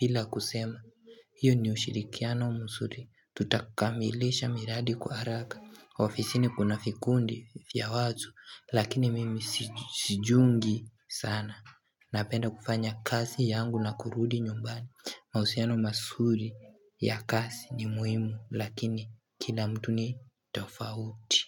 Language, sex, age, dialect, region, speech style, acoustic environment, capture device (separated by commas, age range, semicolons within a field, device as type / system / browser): Swahili, male, 18-24, Kenya - Nairobi Sheng-influenced, Kenya, relaxed, nature, mobile / Linux / Chrome